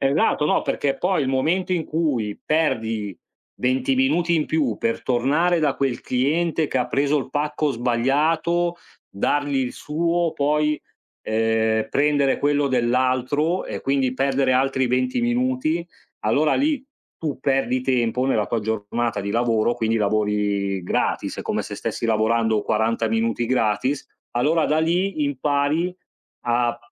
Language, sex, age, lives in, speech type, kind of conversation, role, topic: Italian, male, 40-44, Italy, conversation, unstructured, none, Come possiamo lavorare insieme per risolvere un problema?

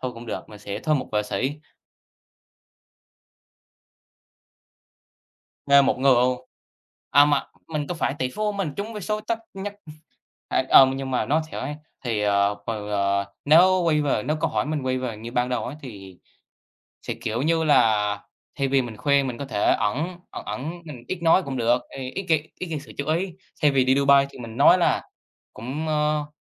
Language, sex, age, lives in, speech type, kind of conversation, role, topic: Vietnamese, male, 18-19, Vietnam, conversation, unstructured, none, Bạn sẽ làm gì nếu bất ngờ nhận được một khoản tiền lớn?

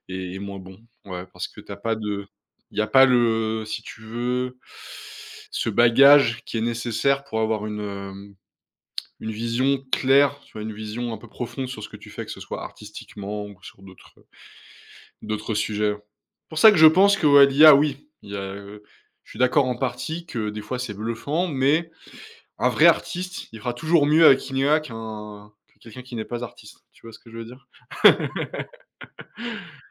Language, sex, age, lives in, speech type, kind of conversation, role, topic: French, male, 30-34, France, conversation, podcast, guest, Comment prends-tu soin de ta santé mentale face aux réseaux sociaux ?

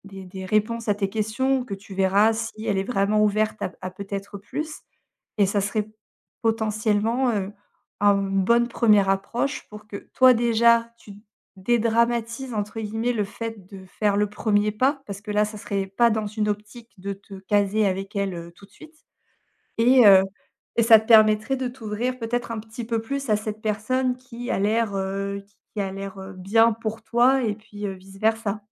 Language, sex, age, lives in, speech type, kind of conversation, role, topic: French, female, 35-39, France, conversation, advice, advisor, Comment surmonter la peur du rejet lorsque vous cherchez une relation amoureuse ?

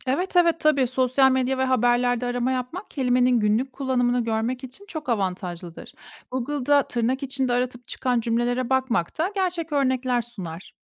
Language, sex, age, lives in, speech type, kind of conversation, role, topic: Turkish, female, 30-34, Germany, read, expert-assistant, expert, Günlük hayatta karşılaştığım yeni bir kelimenin doğru anlamını hızlıca nasıl bulabilirim?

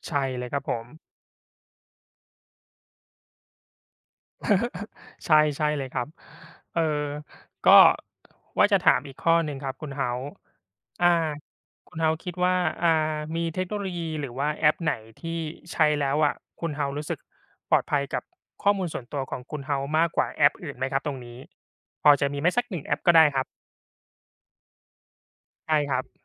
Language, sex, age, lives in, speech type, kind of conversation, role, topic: Thai, male, 35-39, Thailand, conversation, unstructured, none, คุณคิดว่าเทคโนโลยีจะทำให้เราสูญเสียความเป็นส่วนตัวไหม?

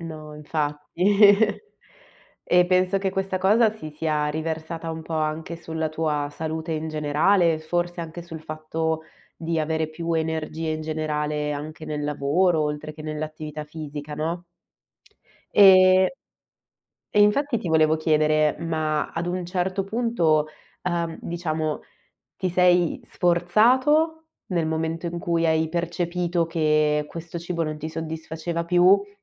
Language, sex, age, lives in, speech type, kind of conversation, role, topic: Italian, female, 25-29, Italy, conversation, podcast, host, Quali abitudini alimentari ti fanno sentire meglio?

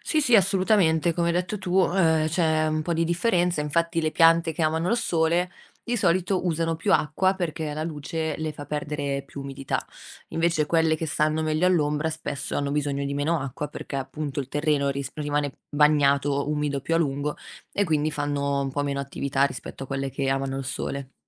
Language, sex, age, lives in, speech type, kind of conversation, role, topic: Italian, female, 25-29, Italy, read, expert-assistant, expert, Come avviene la fotosintesi nelle piante?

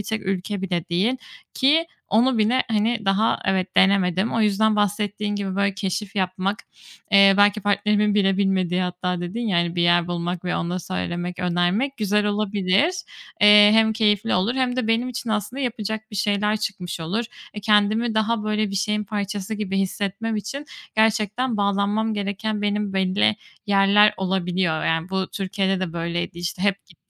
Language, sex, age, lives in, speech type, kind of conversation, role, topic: Turkish, female, 25-29, Spain, conversation, advice, user, Evde rahatlayıp zihinsel olarak dinlenmekte zorlanıyorsam ne yapabilirim?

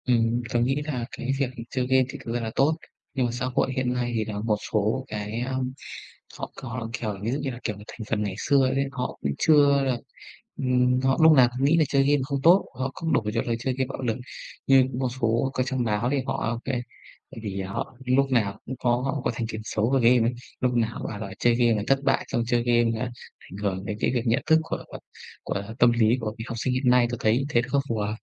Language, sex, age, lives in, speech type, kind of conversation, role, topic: Vietnamese, male, 25-29, Vietnam, conversation, unstructured, none, Bạn có nghĩ rằng việc chơi game có thể gây nghiện không?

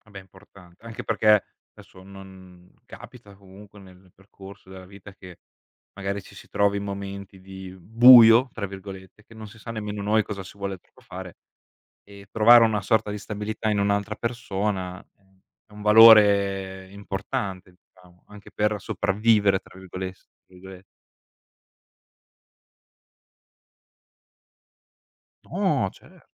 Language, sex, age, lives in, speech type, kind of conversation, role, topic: Italian, male, 25-29, Italy, conversation, unstructured, none, Come capisci se una persona è quella giusta per te?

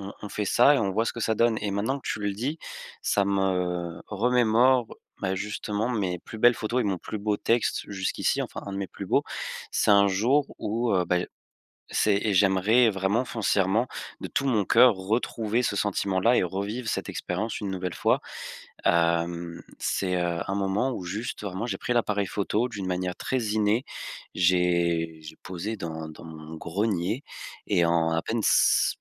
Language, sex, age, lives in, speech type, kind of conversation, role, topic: French, male, 20-24, France, conversation, advice, user, Comment puis-je débloquer ma créativité et mieux exprimer mes émotions ?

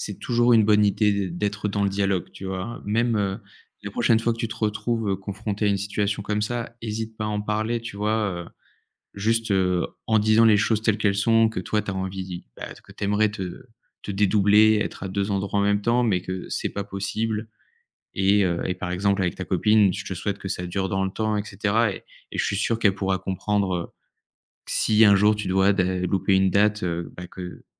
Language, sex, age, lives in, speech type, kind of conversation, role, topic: French, male, 30-34, France, conversation, advice, advisor, Comment dire non à un proche sans le blesser ?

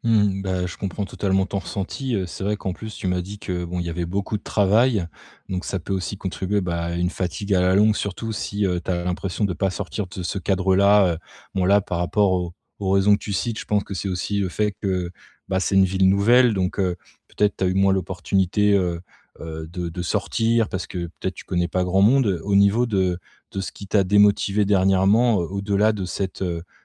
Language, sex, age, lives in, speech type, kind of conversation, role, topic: French, male, 35-39, France, conversation, advice, advisor, Comment puis-je rester motivé(e) lorsque mes objectifs changent souvent et que je perds le cap ?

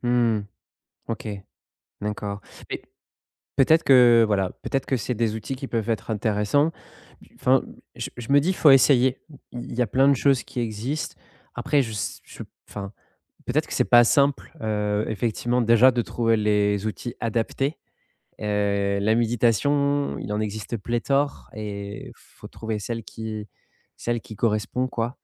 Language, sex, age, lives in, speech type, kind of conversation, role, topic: French, male, 30-34, France, conversation, advice, advisor, Comment trouver un bon équilibre entre repos et activité pendant un voyage ou un week-end ?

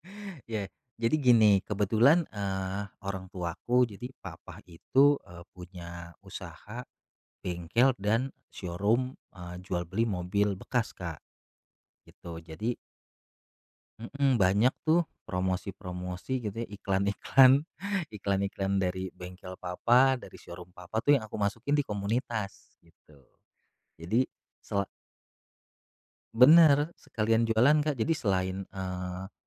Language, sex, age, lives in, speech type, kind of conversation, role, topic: Indonesian, male, 35-39, Indonesia, conversation, podcast, guest, Bagaimana cara kamu mengubah hobi menjadi peluang usaha?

